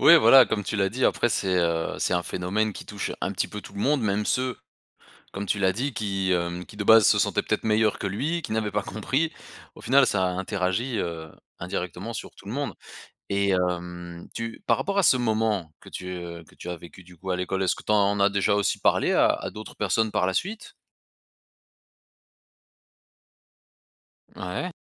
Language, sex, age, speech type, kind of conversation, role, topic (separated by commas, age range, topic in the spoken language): French, male, 35-39, conversation, podcast, host, Peux-tu raconter un récent moment de déclic qui t’a surpris ?